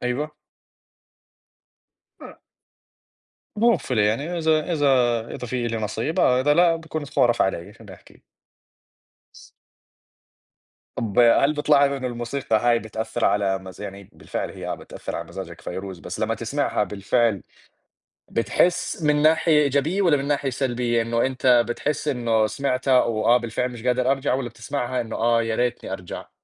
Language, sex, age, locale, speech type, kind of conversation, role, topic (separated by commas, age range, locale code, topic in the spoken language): Arabic, male, 20-24, ar-JO, conversation, unstructured, none, إيه الأغنية اللي بتفكّرك بأوقات سعيدة؟